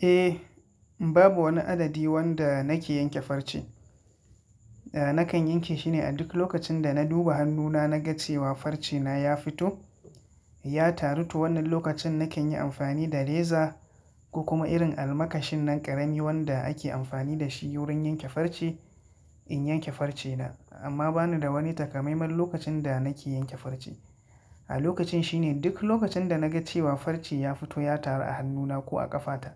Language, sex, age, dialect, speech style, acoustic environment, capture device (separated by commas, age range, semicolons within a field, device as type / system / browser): Hausa, male, 25-34, Nigeria - Katsina, relaxed, silence; nature, mobile / Linux / Chrome